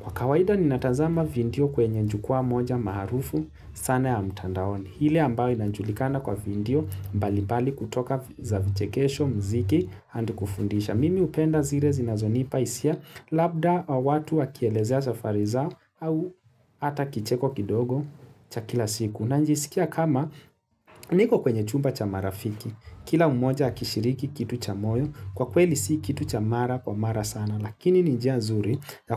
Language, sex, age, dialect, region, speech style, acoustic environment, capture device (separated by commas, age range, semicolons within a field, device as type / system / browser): Swahili, male, 25-34, Kenya - Nairobi Sheng-influenced, Kenya, relaxed, silence, mobile / Linux / Chrome